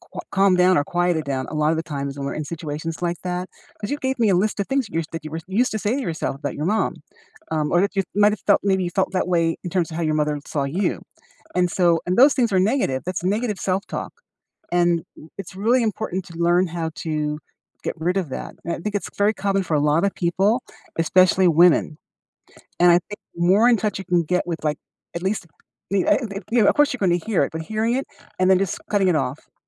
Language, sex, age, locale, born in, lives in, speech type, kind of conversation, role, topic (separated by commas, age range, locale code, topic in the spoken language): English, female, 60-64, en-US, United States, United States, conversation, unstructured, none, What’s the best way to calm down during a heated argument?